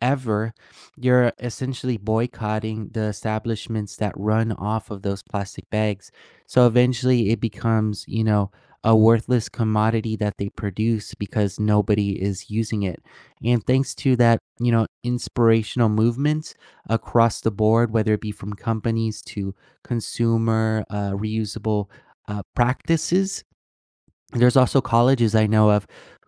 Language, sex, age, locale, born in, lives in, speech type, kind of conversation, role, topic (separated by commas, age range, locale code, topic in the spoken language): English, male, 20-24, en-US, United States, United States, conversation, unstructured, none, What role do you think big corporations play in causing pollution?